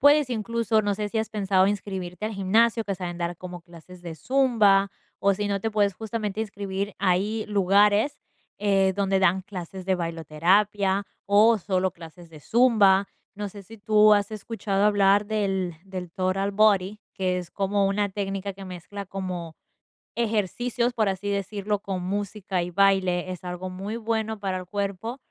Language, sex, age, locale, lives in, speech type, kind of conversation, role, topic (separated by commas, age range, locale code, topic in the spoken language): Spanish, female, 20-24, es-EC, United States, conversation, advice, advisor, ¿Cómo puedo equilibrar mis pasatiempos con mis responsabilidades diarias?